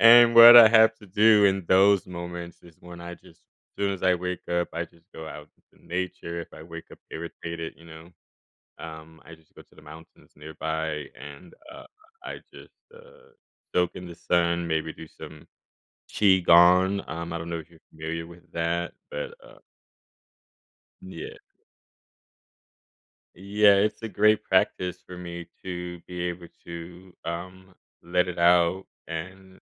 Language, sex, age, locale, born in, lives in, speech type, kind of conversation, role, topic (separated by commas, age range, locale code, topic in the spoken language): English, male, 30-34, en-US, Germany, United States, conversation, podcast, guest, How can practicing gratitude shape our outlook and relationships?